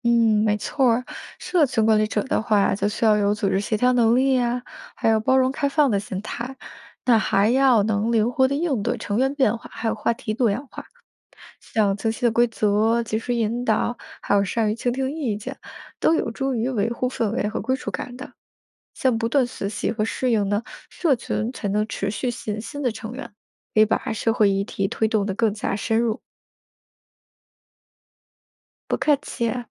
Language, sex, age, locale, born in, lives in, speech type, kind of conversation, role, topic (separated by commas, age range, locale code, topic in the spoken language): Chinese, female, 30-34, zh-CN, China, United States, read, expert-assistant, expert, 面对网络请愿、投票和志愿服务，你觉得哪种参与方式对社会影响最大？